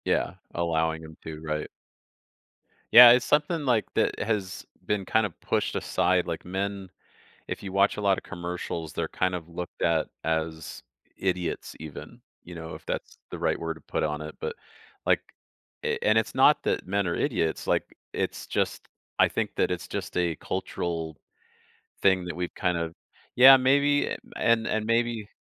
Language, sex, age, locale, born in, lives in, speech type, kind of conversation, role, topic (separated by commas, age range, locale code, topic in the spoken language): English, male, 45-49, en-US, Canada, United States, conversation, advice, advisor, How should I express appreciation to my partner?